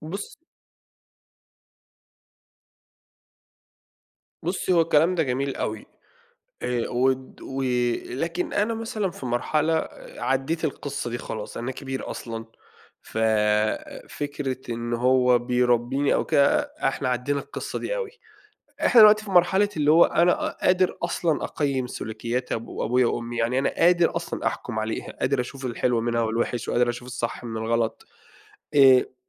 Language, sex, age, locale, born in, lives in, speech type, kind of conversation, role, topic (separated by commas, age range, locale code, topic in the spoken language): Arabic, male, 30-34, ar-EG, Saudi Arabia, Egypt, conversation, podcast, guest, إيه دور حدودك الشخصية لما تحصل مشاكل مع أهلك؟